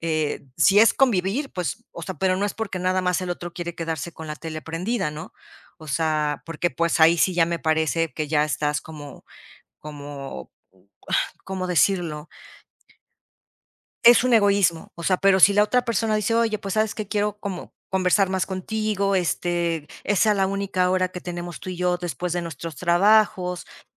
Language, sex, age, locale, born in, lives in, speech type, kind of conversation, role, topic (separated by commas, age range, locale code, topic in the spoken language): Spanish, female, 50-54, es-MX, Mexico, Mexico, conversation, podcast, guest, ¿Qué pequeño paso recomendarías dar hoy para empezar a cambiar?